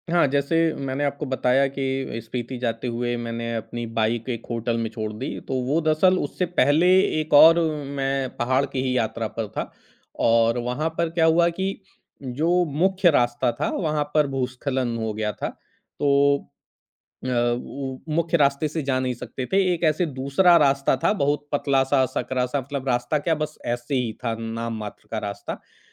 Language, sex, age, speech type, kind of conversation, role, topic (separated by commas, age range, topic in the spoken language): Hindi, male, 40-44, conversation, podcast, guest, तुम्हें यात्रा करना पसंद है तो किस तरह की यात्राएँ तुम्हें सबसे ज़्यादा आकर्षित करती हैं?